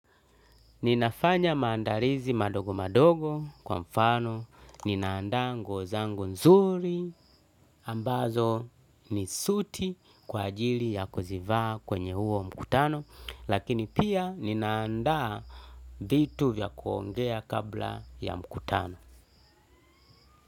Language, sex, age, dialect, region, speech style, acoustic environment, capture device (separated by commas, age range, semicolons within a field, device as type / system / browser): Swahili, male, 35-44, Kenya - Mombasa, Kenya, happy, people talking, desktop / Linux / Chrome